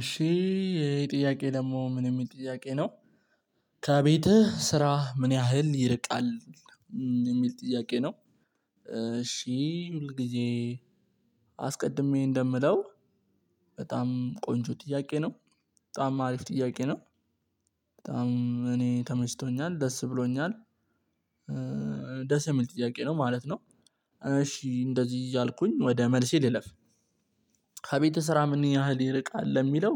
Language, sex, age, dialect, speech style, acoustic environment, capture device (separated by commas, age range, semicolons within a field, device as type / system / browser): Amharic, male, 18-24, Ethiopia - Addis Ababa, relaxed, silence, mobile / Linux / Chrome